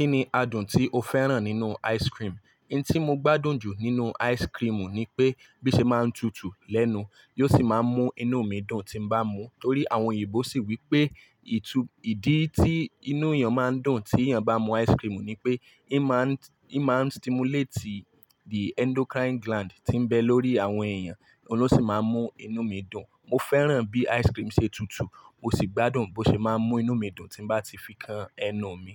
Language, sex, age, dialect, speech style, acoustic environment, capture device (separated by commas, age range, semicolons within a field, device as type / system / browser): Yoruba, male, 25-34, Nigeria - Lagos Yoruba, focused, appliances; silence, mobile / Linux / Chrome